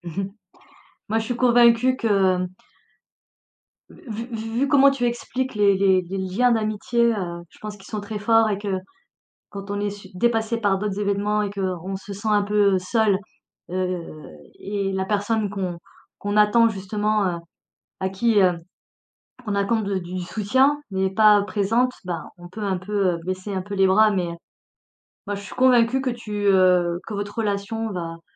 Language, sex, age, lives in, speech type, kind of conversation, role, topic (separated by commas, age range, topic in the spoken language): French, female, 40-44, France, conversation, advice, advisor, Comment gérer un conflit avec un ami proche après un malentendu ?